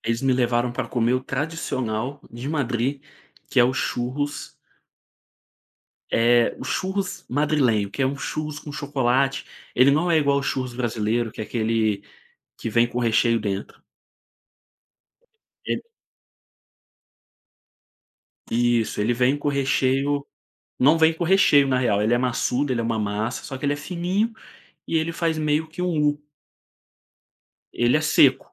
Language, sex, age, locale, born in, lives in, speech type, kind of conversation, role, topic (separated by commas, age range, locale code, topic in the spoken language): Portuguese, male, 25-29, pt-BR, Brazil, Spain, conversation, podcast, guest, Você pode me contar uma história de viagem bem engraçada?